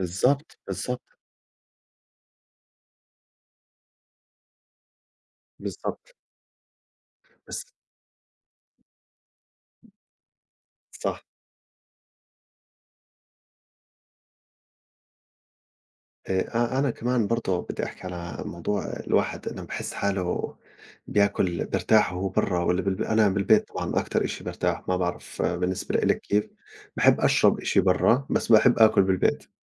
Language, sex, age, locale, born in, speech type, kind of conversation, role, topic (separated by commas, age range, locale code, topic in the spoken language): Arabic, male, 40-44, ar-JO, Saudi Arabia, conversation, unstructured, none, بتفضل تطبخ في البيت ولا تاكل من برّه؟